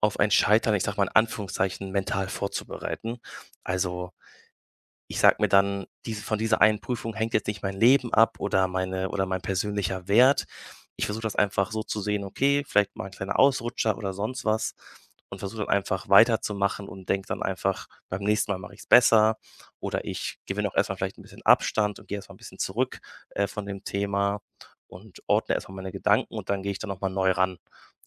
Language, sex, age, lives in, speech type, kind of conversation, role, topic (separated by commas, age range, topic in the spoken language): German, male, 25-29, Germany, conversation, podcast, guest, Wie findest du nach einem Scheitern neuen Mut?